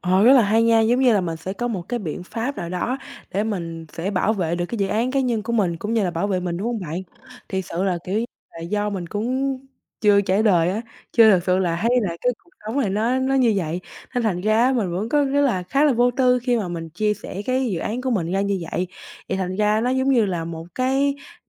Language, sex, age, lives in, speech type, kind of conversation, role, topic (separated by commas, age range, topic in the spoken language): Vietnamese, female, 18-19, Vietnam, conversation, advice, user, Bạn nên làm gì khi đối mặt với đồng nghiệp muốn cướp công lao của mình?